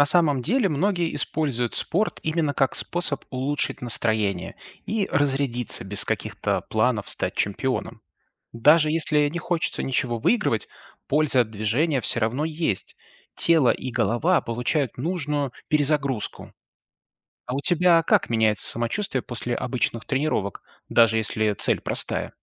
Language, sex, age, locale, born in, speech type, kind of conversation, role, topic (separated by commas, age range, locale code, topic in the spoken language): Russian, male, 35-39, ru-RU, Belarus, read, expert-assistant, expert, Как спорт помогает формировать характер